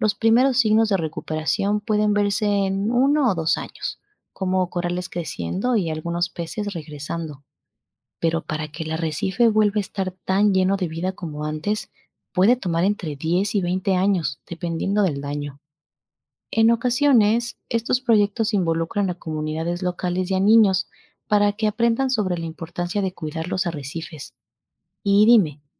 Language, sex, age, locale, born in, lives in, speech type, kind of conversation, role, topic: Spanish, female, 25-29, es-EC, Ecuador, Spain, read, expert-assistant, expert, ¿Por qué son importantes los arrecifes de coral y cómo podemos protegerlos?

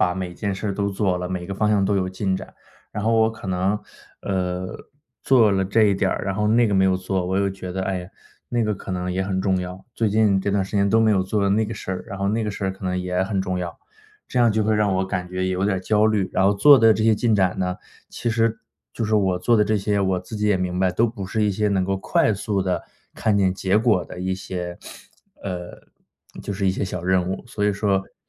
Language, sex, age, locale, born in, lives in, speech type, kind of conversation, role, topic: Chinese, male, 30-34, zh-CN, China, United States, conversation, advice, user, 当目标太多时，我该如何确定优先顺序？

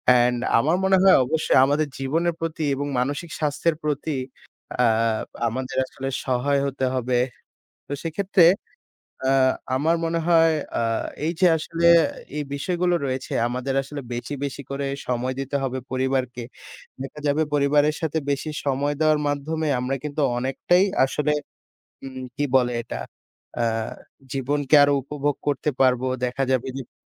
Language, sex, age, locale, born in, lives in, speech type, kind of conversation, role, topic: Bengali, male, 20-24, bn-BD, Bangladesh, Bangladesh, conversation, unstructured, none, আপনি কি কখনও একা ভ্রমণ করেছেন, আর সেই ভ্রমণে আপনার সবচেয়ে অবাক করা স্মৃতিটা কী?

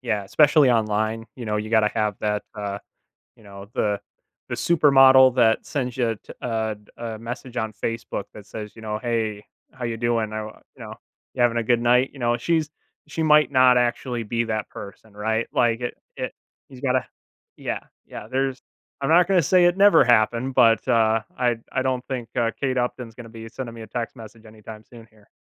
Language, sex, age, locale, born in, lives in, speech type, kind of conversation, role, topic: English, male, 25-29, en-US, United States, United States, conversation, podcast, guest, How does practicing honesty shape your relationships and daily interactions?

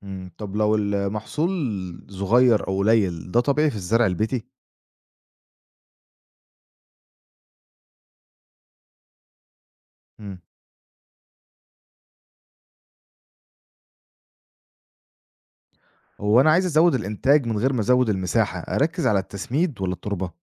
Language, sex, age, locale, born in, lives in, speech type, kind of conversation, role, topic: Arabic, male, 25-29, ar-EG, Egypt, Egypt, read, expert-assistant, asker, لو هنزرع خضار وفواكه في البيت أو على السطح، إيه المميزات والعيوب مقارنة بشراءهم جاهزين من السوق؟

